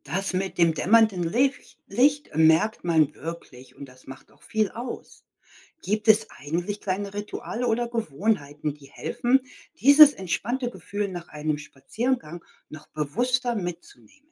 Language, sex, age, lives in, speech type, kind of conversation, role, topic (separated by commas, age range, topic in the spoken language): German, female, 55-59, United States, read, expert-assistant, asker, Wie integriere ich regelmäßige Naturauszeiten und Rituale in einen vollen Alltag?